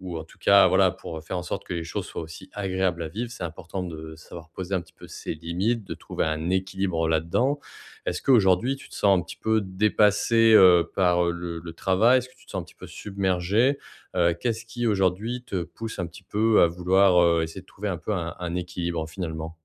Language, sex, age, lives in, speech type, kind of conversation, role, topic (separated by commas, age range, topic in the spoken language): French, male, 30-34, France, conversation, advice, advisor, Comment trouver un bon équilibre entre effort et récupération lors de périodes de travail prolongées ?